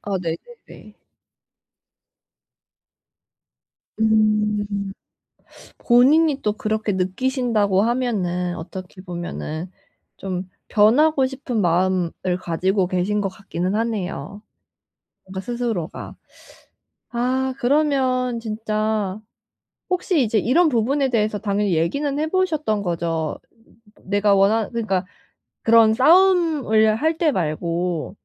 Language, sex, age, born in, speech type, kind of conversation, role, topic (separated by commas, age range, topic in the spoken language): Korean, female, 25-29, South Korea, conversation, advice, advisor, 감정을 솔직하게 표현하면서도 상대를 존중하려면 어떻게 해야 할까요?